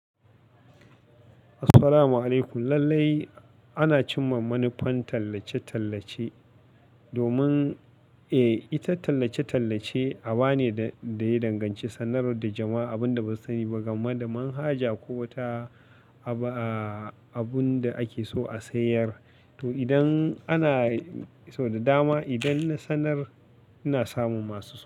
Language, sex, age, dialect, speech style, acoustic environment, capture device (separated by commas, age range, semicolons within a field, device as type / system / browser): Hausa, male, 25-34, Nigeria - Katsina, relaxed, appliances, mobile / Linux / Chrome